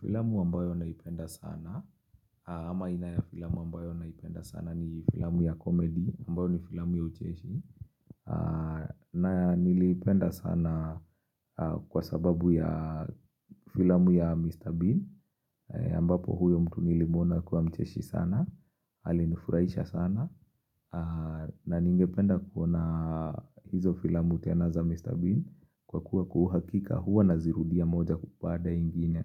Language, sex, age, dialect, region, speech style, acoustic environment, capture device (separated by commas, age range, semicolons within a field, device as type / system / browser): Swahili, male, 25-34, Kenya - Nairobi Sheng-influenced, Kenya, relaxed; focused; excited, nature; silence; appliances, mobile / Linux / Chrome